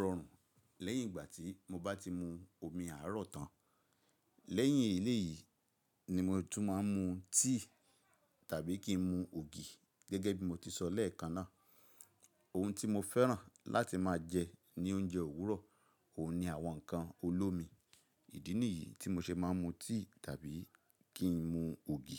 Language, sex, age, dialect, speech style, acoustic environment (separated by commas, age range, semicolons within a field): Yoruba, male, 45-54, Nigeria - Ibadan, relaxed, nature